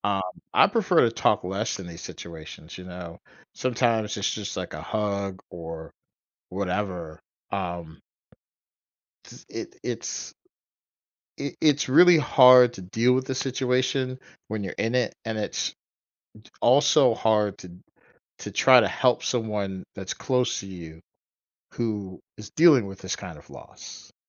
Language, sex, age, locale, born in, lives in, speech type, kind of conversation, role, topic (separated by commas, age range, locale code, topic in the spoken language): English, male, 45-49, en-US, United States, United States, conversation, podcast, host, What are some meaningful ways people find comfort and healing after losing someone important to them?